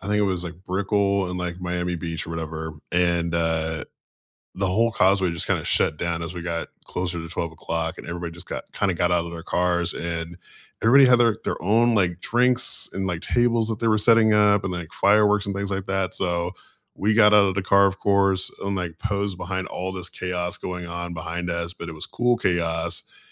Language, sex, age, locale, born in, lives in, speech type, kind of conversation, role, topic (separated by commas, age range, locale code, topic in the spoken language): English, male, 35-39, en-US, United States, United States, conversation, unstructured, none, What’s the story behind a favorite photo on your phone, and why does it matter to you?